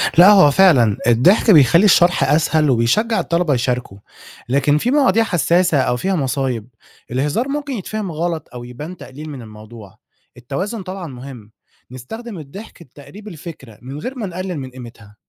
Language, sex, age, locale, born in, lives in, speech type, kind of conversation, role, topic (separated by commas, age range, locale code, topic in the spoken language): Arabic, male, 20-24, ar-EG, Egypt, Egypt, read, expert-assistant, expert, لو فكرنا ندمج بين الكوميديا والإعلام الجاد، تفتكروا ممكن ده يخلّي الناس تهتم أكتر وتفهم الأخبار؟